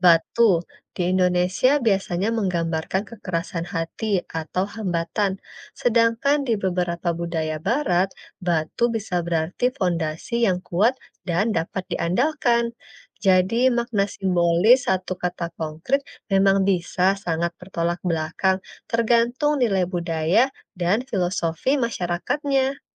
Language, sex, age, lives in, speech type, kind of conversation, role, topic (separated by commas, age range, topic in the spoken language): Indonesian, female, 30-34, Indonesia, read, expert-assistant, expert, Mengapa Beberapa Kata di Berbagai Bahasa Terdengar Mirip dan Maknanya Bisa Berbeda?